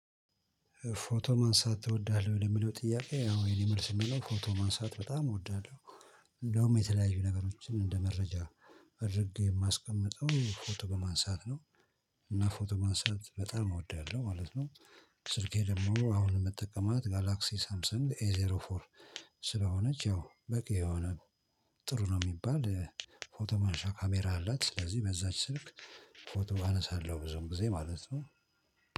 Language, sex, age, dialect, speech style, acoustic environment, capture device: Amharic, male, 45-54, Ethiopia - Addis Ababa, stressed, silence, mobile / Linux / Chrome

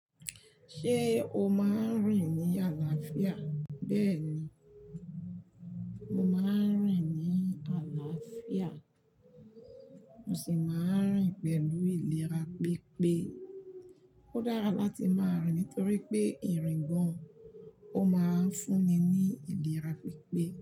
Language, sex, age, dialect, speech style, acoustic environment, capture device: Yoruba, female, 45-54, Nigeria - Lagos Yoruba, happy, nature, mobile / Linux / Chrome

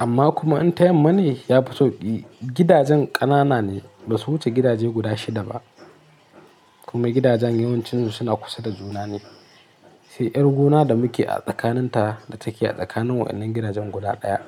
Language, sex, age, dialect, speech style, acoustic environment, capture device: Hausa, male, 25-34, Nigeria - Kano, excited, people talking, mobile / Linux / Chrome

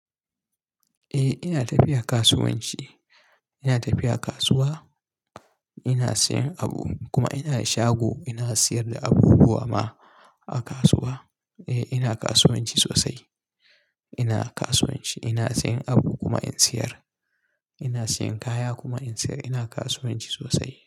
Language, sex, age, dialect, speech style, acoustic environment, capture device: Hausa, male, 25-34, Nigeria - Kano, relaxed, nature, mobile / Linux / Chrome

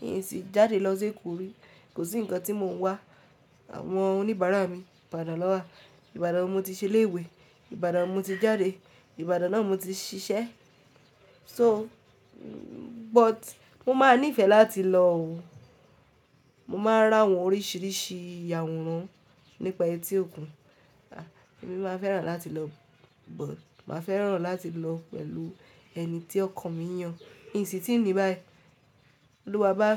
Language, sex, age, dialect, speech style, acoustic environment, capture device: Yoruba, female, 18-24, Nigeria - Ibadan, focused, silence, mobile / Linux / Chrome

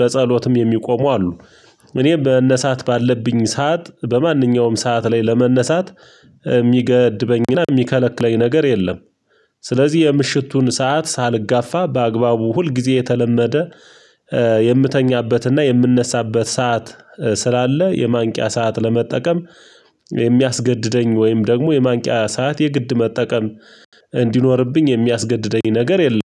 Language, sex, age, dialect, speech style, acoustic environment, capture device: Amharic, male, 35-44, Ethiopia - Gojjam, focused; relaxed, silence, mobile / Linux / Chrome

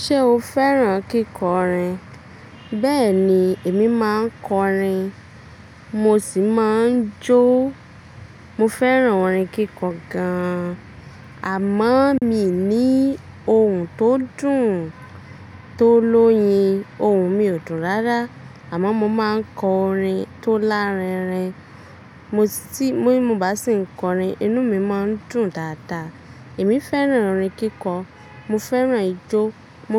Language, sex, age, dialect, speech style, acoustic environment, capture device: Yoruba, female, 25-34, Nigeria - Ibadan, relaxed, nature, mobile / Linux / Chrome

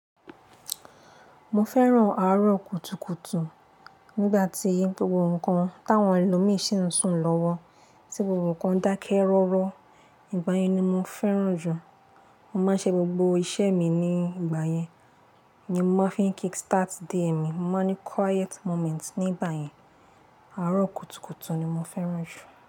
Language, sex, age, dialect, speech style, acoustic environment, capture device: Yoruba, female, 18-24, Nigeria - Lagos Yoruba, relaxed, appliances, mobile / Linux / Chrome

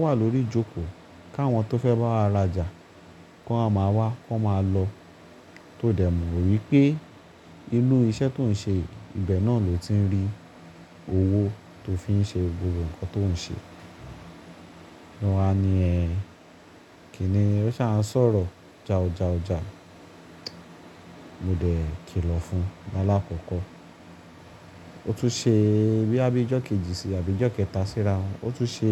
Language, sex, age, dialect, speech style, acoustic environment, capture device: Yoruba, male, 25-34, Nigeria - Lagos Yoruba, relaxed, appliances, mobile / Linux / Chrome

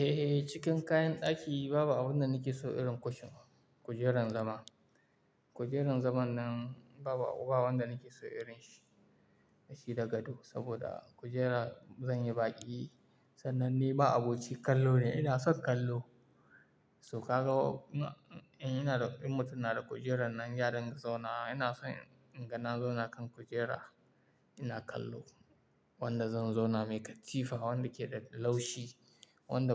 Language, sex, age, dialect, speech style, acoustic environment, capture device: Hausa, male, 18-24, Nigeria - Kano, happy, silence, mobile / Linux / Chrome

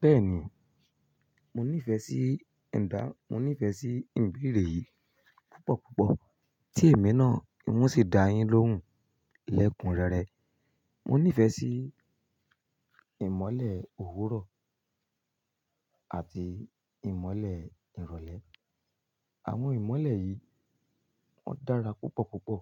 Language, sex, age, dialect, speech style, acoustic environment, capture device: Yoruba, male, 25-34, Nigeria - Ibadan, happy, music, mobile / Linux / Chrome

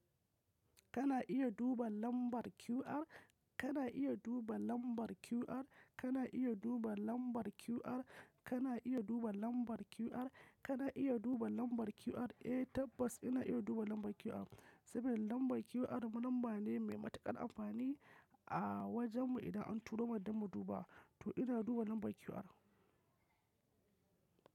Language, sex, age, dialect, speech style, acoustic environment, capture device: Hausa, male, 25-34, Nigeria - Kano, focused, nature, mobile / macOS / Safari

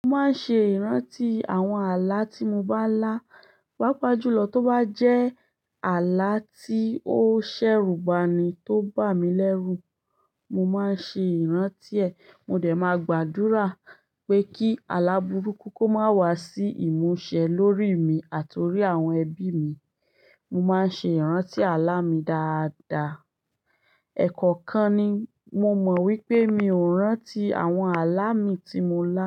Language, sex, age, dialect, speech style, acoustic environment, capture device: Yoruba, female, 25-34, Nigeria - Ibadan, happy; focused, silence, desktop / Linux / Chrome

